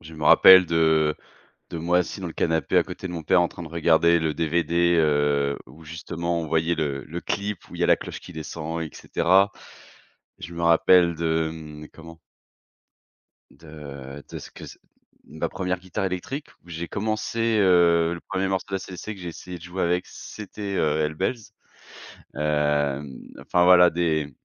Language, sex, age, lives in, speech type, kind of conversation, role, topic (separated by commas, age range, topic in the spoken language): French, male, 30-34, France, conversation, podcast, guest, Quelle chanson te replonge instantanément dans ton enfance ?